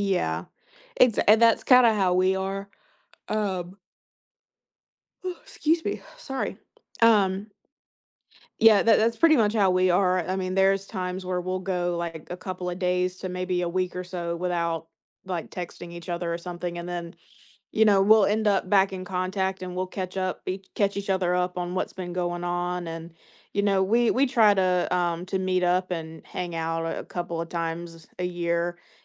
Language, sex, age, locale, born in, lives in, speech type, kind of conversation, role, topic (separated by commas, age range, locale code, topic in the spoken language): English, female, 20-24, en-US, United States, United States, conversation, podcast, guest, What factors shape the way our friendships grow and evolve throughout life?